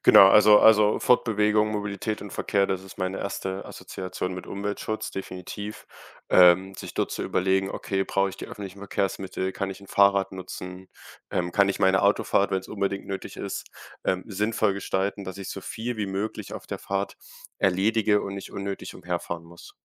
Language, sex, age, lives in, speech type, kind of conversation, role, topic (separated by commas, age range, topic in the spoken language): German, male, 18-19, Germany, conversation, podcast, guest, Was bedeutet Umweltschutz für dich im Alltag?